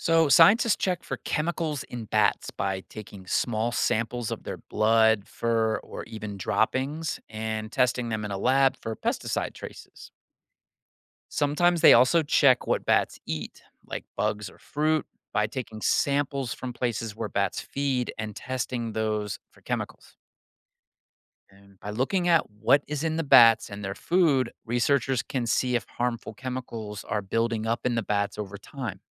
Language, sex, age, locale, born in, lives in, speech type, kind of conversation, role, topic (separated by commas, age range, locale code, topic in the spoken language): English, male, 45-49, en-US, United States, United States, read, expert-assistant, expert, How do bats find and choose safe food in their environment?